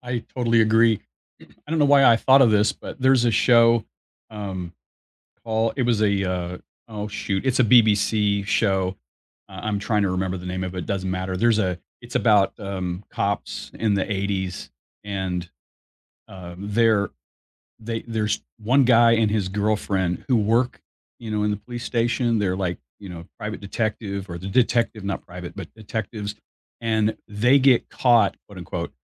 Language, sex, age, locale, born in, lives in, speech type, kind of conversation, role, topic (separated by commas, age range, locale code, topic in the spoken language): English, male, 60-64, en-US, United States, United States, conversation, unstructured, none, What is the key to a strong friendship?